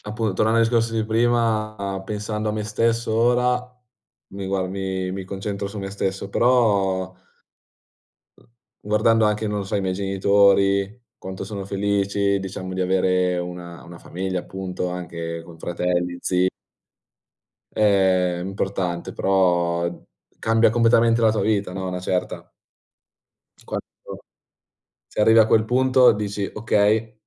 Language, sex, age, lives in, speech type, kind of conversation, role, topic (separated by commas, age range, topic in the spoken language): Italian, male, 20-24, Italy, conversation, unstructured, none, Come immagini la tua vita tra cinque anni?